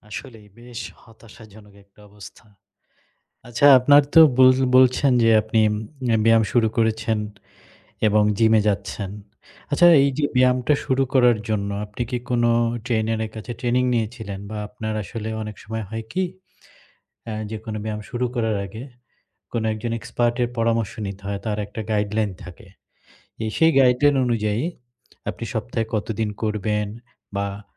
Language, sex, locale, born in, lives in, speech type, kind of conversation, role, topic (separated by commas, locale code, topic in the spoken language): Bengali, male, bn-BD, Bangladesh, Bangladesh, conversation, advice, advisor, অতিরিক্ত ব্যায়ামের কারণে ক্লান্তি ও বারবার পেশীতে ব্যথা হলে কী করা উচিত?